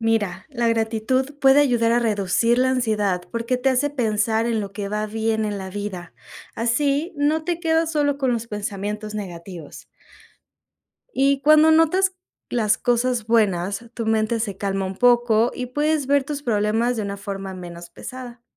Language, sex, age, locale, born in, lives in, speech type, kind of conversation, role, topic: Spanish, female, 25-29, es-MX, Mexico, Mexico, read, expert-assistant, expert, El papel de la gratitud en el bienestar personal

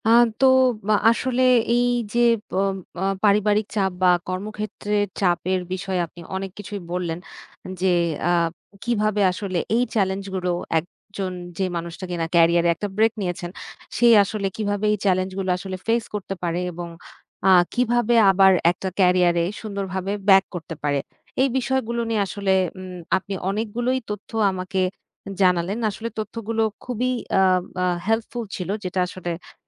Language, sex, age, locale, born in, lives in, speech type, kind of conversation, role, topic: Bengali, female, 30-34, bn-BD, Bangladesh, Bangladesh, conversation, podcast, host, ক্যারিয়ার বিরতির পরে আবার কাজে ফিরলে আপনার কাছে সবচেয়ে চ্যালেঞ্জিং কী লাগে?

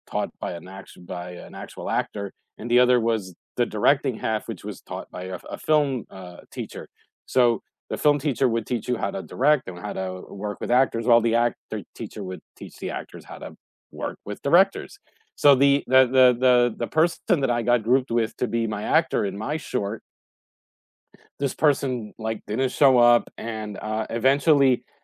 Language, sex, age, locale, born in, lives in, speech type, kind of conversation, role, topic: English, male, 45-49, en-US, United States, United States, conversation, unstructured, none, What are your favorite group project successes, funny failures, and lessons learned?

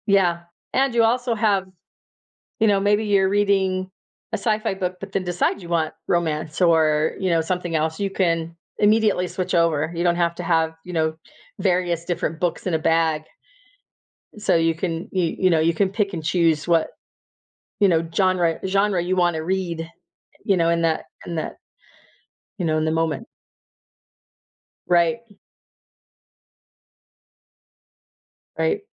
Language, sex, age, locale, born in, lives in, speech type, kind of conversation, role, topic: English, female, 50-54, en-US, United States, United States, conversation, unstructured, none, How has technology changed the way we experience reading?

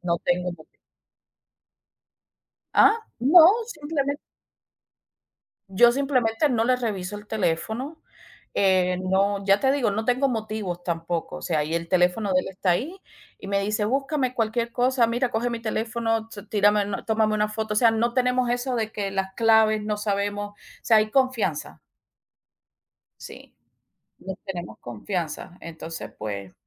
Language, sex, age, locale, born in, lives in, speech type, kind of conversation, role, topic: Spanish, female, 40-44, es-CU, Cuba, United States, conversation, unstructured, none, ¿Se puede perdonar una infidelidad y por qué?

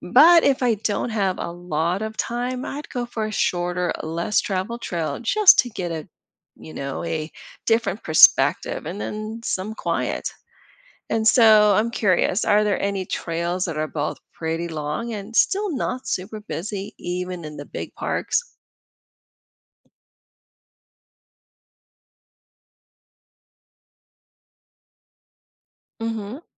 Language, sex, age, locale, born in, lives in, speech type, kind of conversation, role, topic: English, female, 50-54, en-US, Philippines, United States, read, expert-assistant, asker, How can a road trip cover top natural landforms?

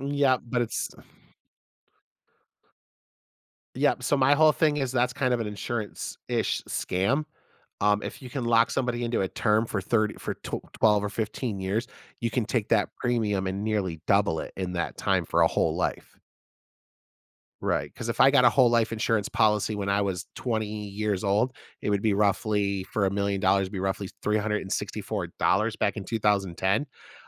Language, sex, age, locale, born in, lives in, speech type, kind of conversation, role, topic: English, male, 35-39, en-US, United States, United States, conversation, podcast, guest, How do your morning habits shape the rest of your day?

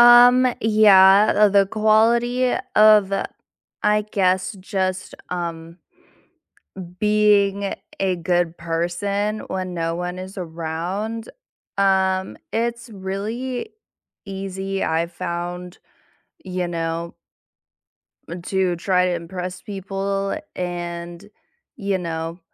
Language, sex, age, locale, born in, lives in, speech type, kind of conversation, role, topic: English, female, 20-24, en-US, United States, United States, conversation, unstructured, none, What qualities do you value most in a partner?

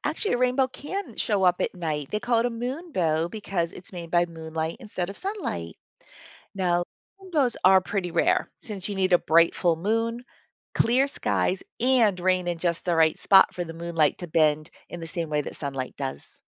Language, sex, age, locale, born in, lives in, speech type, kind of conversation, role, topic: English, female, 55-59, en-US, United States, United States, read, expert-assistant, expert, How does a rainbow form in the sky?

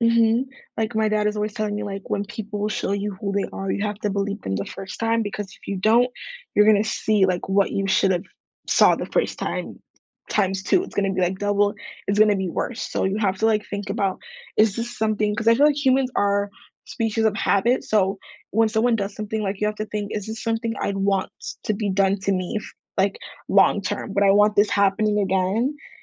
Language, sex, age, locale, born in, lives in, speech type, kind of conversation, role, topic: English, female, 18-19, en-US, United States, United States, conversation, unstructured, none, How did you meet your closest friend or partner?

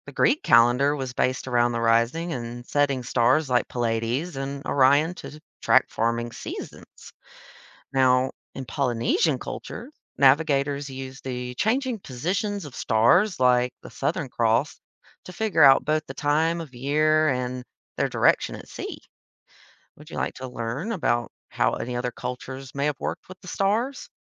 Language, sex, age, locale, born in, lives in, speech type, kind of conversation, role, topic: English, female, 30-34, en-US, United States, United States, read, expert-assistant, expert, Why do we see different constellations in different seasons?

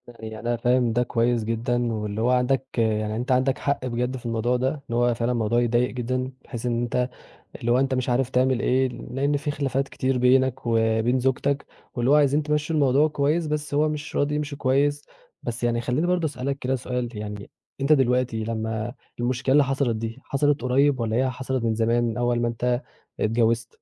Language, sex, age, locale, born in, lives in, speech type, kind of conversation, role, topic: Arabic, male, 20-24, ar-EG, Egypt, Egypt, conversation, advice, advisor, إزاي أتعامل مع خلاف بيني وبين شريكي عن ميزانية البيت وطريقة الصرف؟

